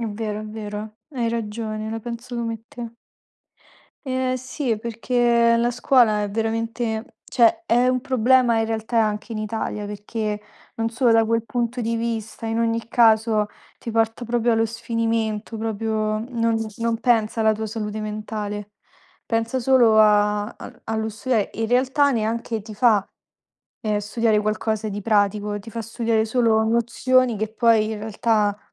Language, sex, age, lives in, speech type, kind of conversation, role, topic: Italian, female, 20-24, Italy, conversation, unstructured, none, Cosa ne pensi della pressione sociale di dover essere sempre in forma?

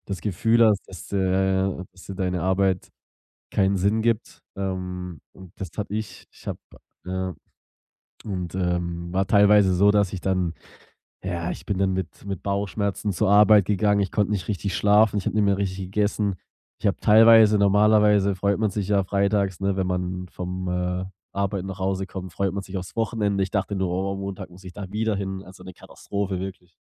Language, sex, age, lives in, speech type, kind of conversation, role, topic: German, male, 25-29, Germany, conversation, podcast, guest, Wie lehrt dich die Natur, langsamer zu werden?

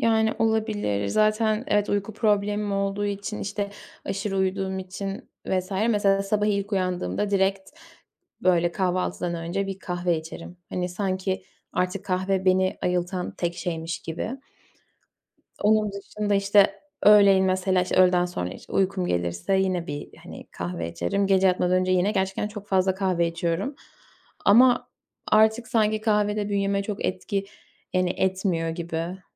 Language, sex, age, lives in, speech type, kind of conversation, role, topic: Turkish, female, 20-24, Poland, conversation, advice, user, Aşırı uyuyup sabahları zor uyanmanızın nedenleri neler olabilir?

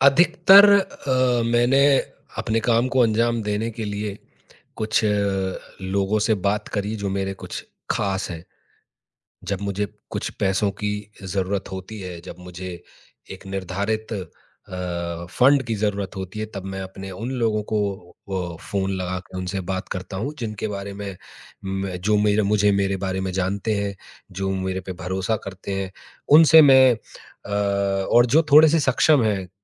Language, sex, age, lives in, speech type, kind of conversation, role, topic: Hindi, male, 30-34, India, conversation, advice, user, सीमित संसाधनों में आप अपनी टीम का नेतृत्व कैसे करें और उसे प्रेरित कैसे रखें?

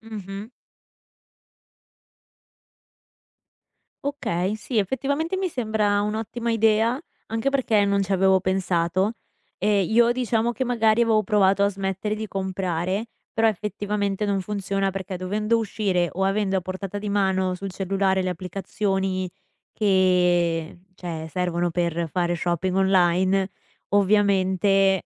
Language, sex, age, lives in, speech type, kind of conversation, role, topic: Italian, female, 20-24, United States, conversation, advice, user, In che modo gli acquisti d’impulso rovinano il tuo budget mensile?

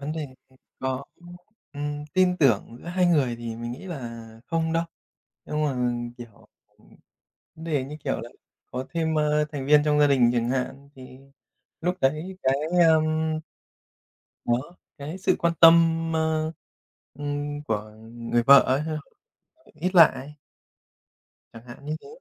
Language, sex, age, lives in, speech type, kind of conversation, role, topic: Vietnamese, male, 30-34, Japan, conversation, unstructured, none, Có nên kiểm soát nhau trong tình yêu không?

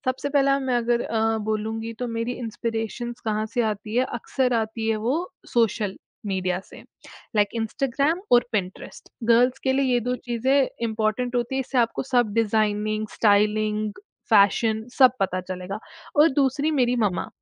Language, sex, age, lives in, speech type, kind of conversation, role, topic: Hindi, female, 20-24, India, conversation, podcast, guest, Festivals ke liye outfit choose karne ka tumhara process kya hota hai?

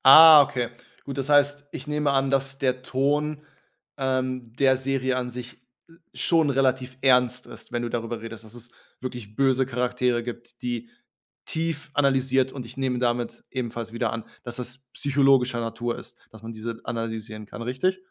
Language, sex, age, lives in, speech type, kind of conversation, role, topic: German, male, 30-34, Germany, conversation, podcast, host, Wie erlebst du Nostalgie, wenn du alte Serien oder Filme wieder anschaust?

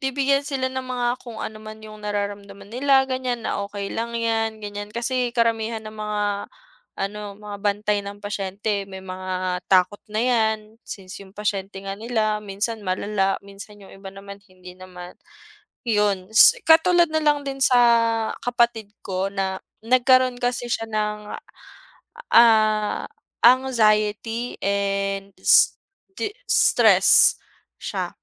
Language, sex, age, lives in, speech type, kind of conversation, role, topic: Filipino, female, 25-29, Philippines, conversation, unstructured, none, Paano mo hinaharap ang panghuhusga ng iba tungkol sa kalusugang pangkaisipan?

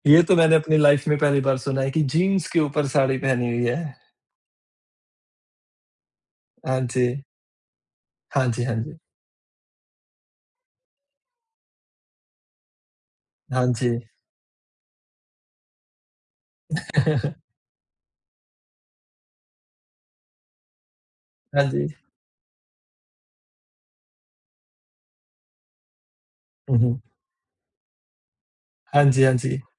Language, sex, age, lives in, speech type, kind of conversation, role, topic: Hindi, male, 30-34, India, conversation, podcast, host, Style choose karte waqt tum comfort aur trend ke beech ka balance kaise banate ho?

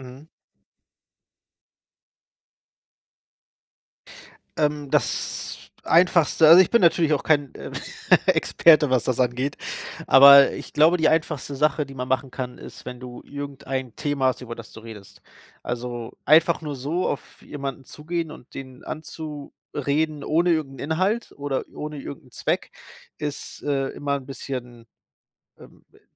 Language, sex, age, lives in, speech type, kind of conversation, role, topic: German, male, 30-34, Germany, conversation, advice, advisor, Wie kann ich nach einem Umzug neue Freunde finden?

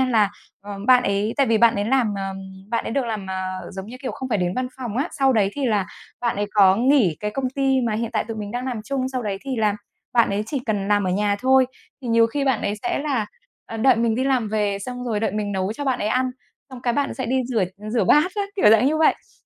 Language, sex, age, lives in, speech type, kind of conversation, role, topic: Vietnamese, female, 35-39, Vietnam, conversation, advice, user, Làm thế nào để xử lý khi một người bạn lợi dụng thời gian và cảm xúc của tôi?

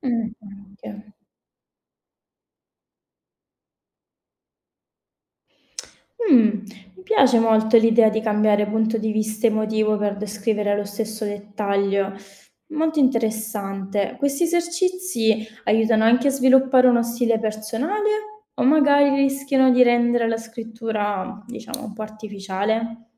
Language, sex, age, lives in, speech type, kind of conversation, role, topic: Italian, female, 25-29, Italy, read, expert-assistant, asker, Come si può superare il blocco dello scrittore quando si ha poco tempo libero per dedicarsi alla scrittura creativa?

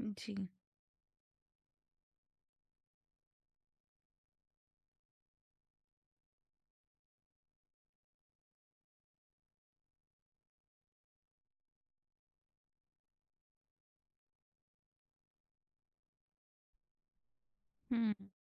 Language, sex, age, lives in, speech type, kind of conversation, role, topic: Hindi, female, 25-29, India, conversation, advice, user, अपने नए घर के खर्चों और बजट की अनिश्चितता से होने वाले तनाव के बारे में आप क्या बताना चाहेंगे?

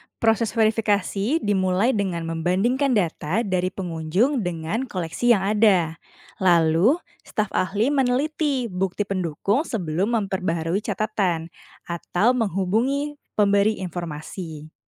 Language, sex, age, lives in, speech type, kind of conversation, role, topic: Indonesian, female, 30-34, Indonesia, read, expert-assistant, expert, Bagaimana cara mengidentifikasi dan menelusuri asal-usul benda kuno di museum?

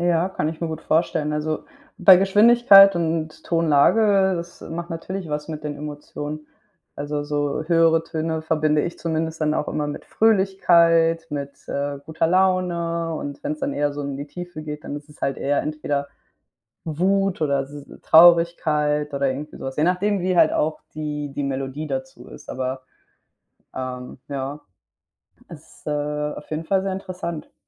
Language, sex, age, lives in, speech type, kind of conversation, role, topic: German, female, 25-29, Spain, conversation, unstructured, none, Wie beeinflusst Musik deine Emotionen?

